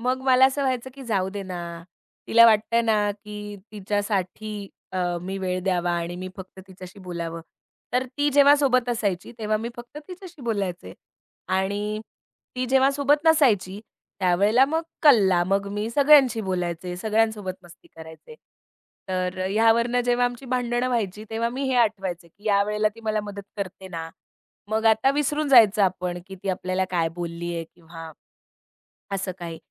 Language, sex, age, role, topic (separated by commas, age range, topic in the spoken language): Marathi, female, 30-34, guest, विवादानंतर पुन्हा मित्रत्व कसे जपता येईल?